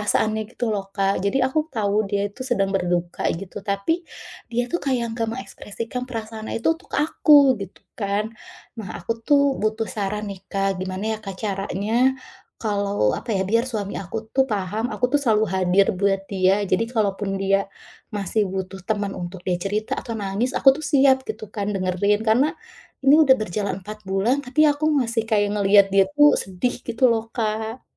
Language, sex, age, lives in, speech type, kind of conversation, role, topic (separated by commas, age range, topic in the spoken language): Indonesian, female, 35-39, Indonesia, conversation, advice, user, Bagaimana cara mendukung pasangan yang sedang berduka?